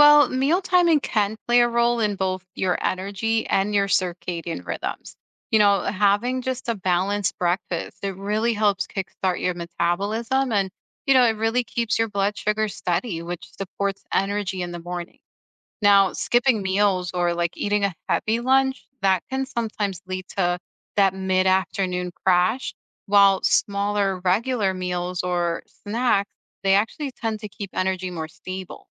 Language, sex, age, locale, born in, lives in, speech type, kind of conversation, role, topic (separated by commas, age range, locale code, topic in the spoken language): English, female, 35-39, en-US, United States, United States, read, expert-assistant, expert, How can daily routines align with natural energy rhythms?